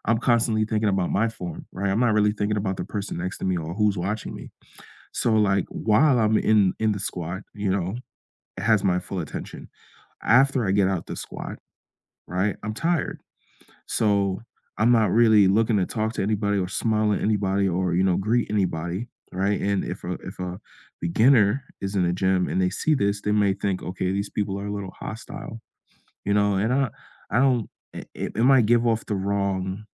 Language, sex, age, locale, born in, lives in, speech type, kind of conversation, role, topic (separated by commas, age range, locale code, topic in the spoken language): English, male, 25-29, en-US, United States, United States, conversation, unstructured, none, Why do some gyms feel unwelcoming to beginners?